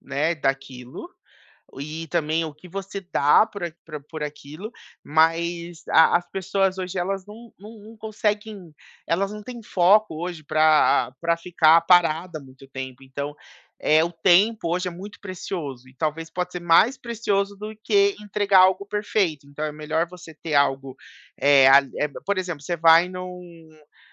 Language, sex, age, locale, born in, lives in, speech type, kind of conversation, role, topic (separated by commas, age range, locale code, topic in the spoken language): Portuguese, male, 30-34, pt-BR, Brazil, United States, conversation, advice, user, Por que o meu perfeccionismo me impede de agir e tomar decisões?